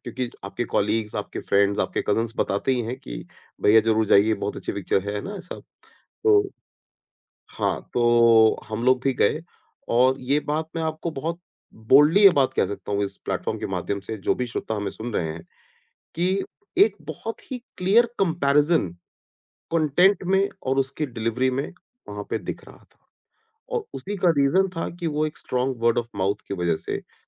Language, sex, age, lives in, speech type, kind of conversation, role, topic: Hindi, male, 40-44, India, conversation, podcast, guest, First-time filmmakers ke liye sabse zaroori advice kya doge?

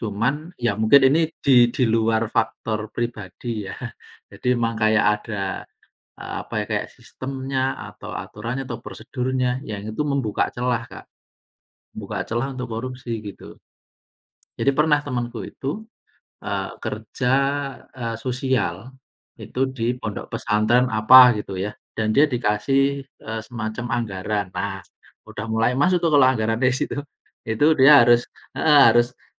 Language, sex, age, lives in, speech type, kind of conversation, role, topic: Indonesian, male, 40-44, Indonesia, conversation, unstructured, none, Bagaimana perasaanmu saat melihat kasus korupsi yang terus muncul di berita?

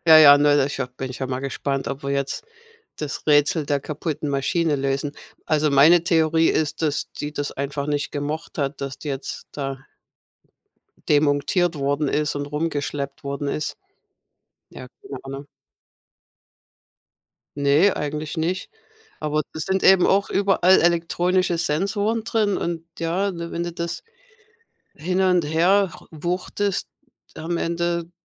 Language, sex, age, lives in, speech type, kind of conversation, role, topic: German, female, 55-59, United States, conversation, unstructured, none, Wie motivierst du dich an schwierigen Tagen?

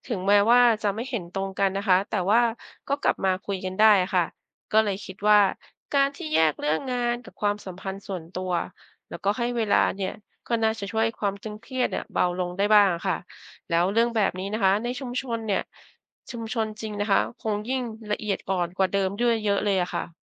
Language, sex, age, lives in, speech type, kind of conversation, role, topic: Thai, female, 50-54, Thailand, read, expert-assistant, asker, คุณคิดว่าศาสนาต่าง ๆ ทั่วโลกมีมุมมองเรื่องความดี-ความชั่วคล้ายหรือต่างกันอย่างไร?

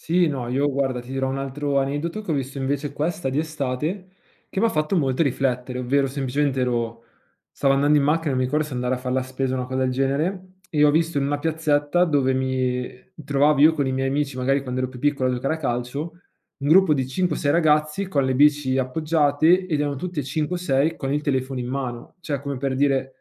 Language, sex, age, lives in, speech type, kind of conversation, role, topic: Italian, male, 25-29, Italy, conversation, podcast, guest, Come bilanci tradizione e vita moderna?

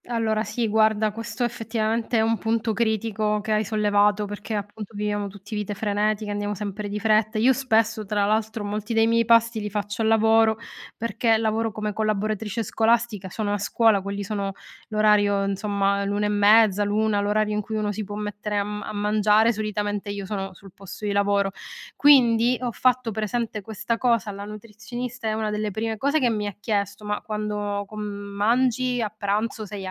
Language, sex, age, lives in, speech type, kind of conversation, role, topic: Italian, female, 40-44, Italy, conversation, podcast, guest, Come scegli cosa mangiare quando sei di fretta?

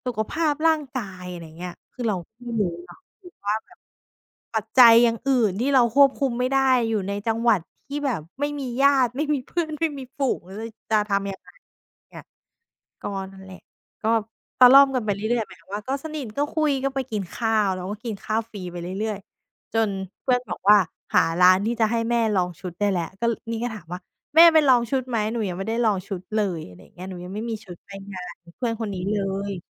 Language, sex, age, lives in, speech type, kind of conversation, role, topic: Thai, female, 30-34, Thailand, conversation, podcast, guest, คุณมีประสบการณ์ไหมที่คำพูดของคุณทำให้คนเริ่มไว้ใจคุณ?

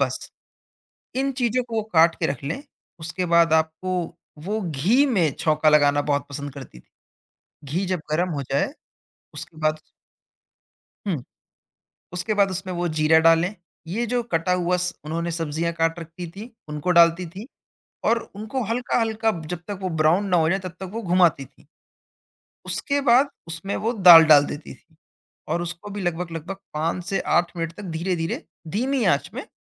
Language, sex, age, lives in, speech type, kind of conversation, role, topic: Hindi, male, 20-24, India, conversation, podcast, guest, Kya tumhari family ki koi aisi recipe hai jo tumhe virasat mein mili ho aur jo tumhara sabse bada comfort food ban gaya ho?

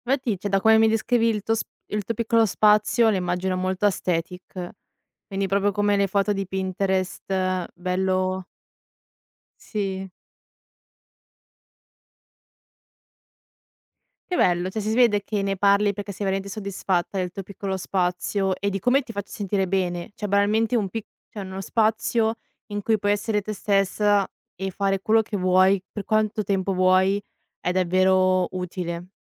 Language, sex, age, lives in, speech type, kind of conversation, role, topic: Italian, female, 20-24, Italy, conversation, podcast, host, Come scegliere uno spazio adatto per concentrarti in casa?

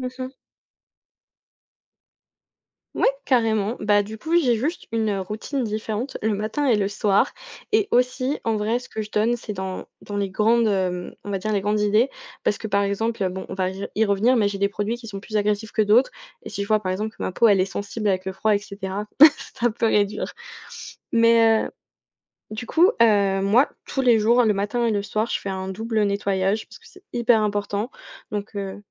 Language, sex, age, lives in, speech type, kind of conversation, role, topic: French, female, 20-24, France, conversation, podcast, guest, Quelle petite habitude a changé ta vie ?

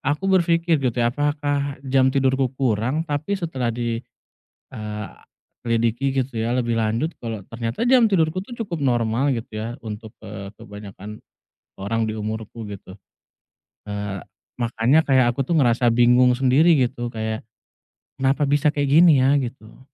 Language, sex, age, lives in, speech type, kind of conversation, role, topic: Indonesian, male, 30-34, Indonesia, conversation, advice, user, Mengapa saya bangun pagi tetapi masih merasa sangat lelah?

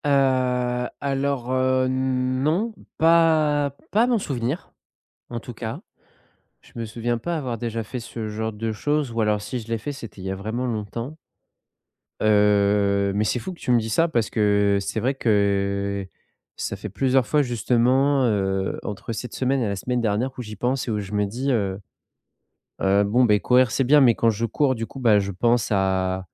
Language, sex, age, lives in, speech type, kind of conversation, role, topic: French, male, 30-34, France, conversation, advice, user, Comment adopter de petites habitudes réalistes quand on se sent découragé·e par des attentes très élevées ?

